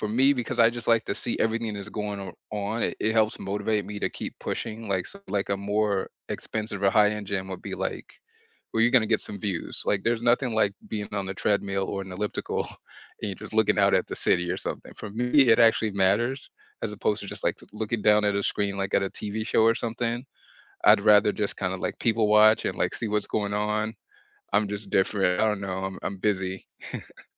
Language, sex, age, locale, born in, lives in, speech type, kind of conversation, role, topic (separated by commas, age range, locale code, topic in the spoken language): English, male, 40-44, en-US, United States, United States, conversation, unstructured, none, How do you keep exercise fun and exciting?